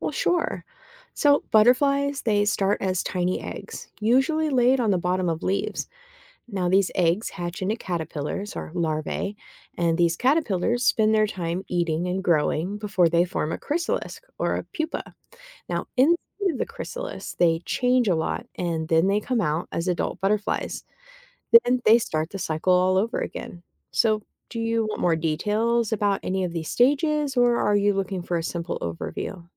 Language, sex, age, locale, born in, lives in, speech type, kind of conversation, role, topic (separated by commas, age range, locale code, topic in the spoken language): English, female, 35-39, en-US, United States, United States, read, expert-assistant, expert, What is the process of metamorphosis in insects like butterflies?